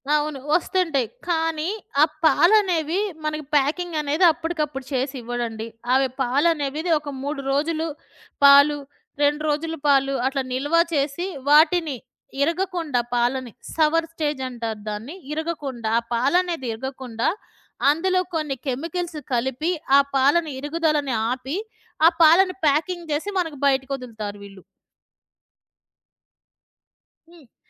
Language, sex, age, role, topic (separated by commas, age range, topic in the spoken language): Telugu, female, 40-44, guest, పోషకాహారం మీ కోలుకోవడంలో ఎలా సహాయపడింది?